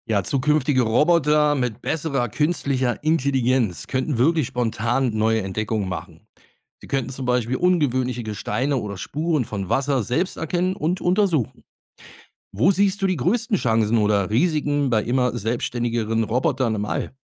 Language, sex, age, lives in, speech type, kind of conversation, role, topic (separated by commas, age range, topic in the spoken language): German, male, 50-54, Germany, read, expert-assistant, expert, Was ist spannender für die Zukunft: Menschen auf dem Mars oder neue Roboter-Missionen zu fernen Planeten?